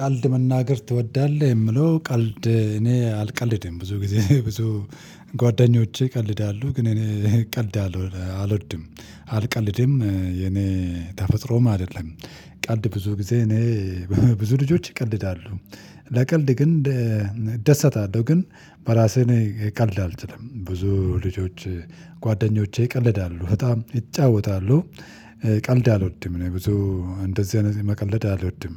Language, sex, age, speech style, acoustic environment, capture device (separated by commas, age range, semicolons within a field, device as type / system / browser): Amharic, male, 35-44, relaxed; focused, silence, mobile / Linux / Chrome